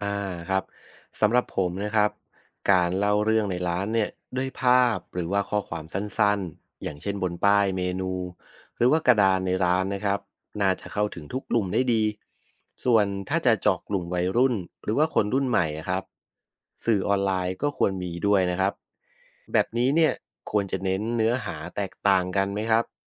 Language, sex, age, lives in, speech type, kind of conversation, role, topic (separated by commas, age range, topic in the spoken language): Thai, male, 30-34, Thailand, read, expert-assistant, asker, คิดว่าการเลือกกินอาหารจากเกษตรกรท้องถิ่นเป็นเรื่องของจริยธรรมหรือไม่? ทำไม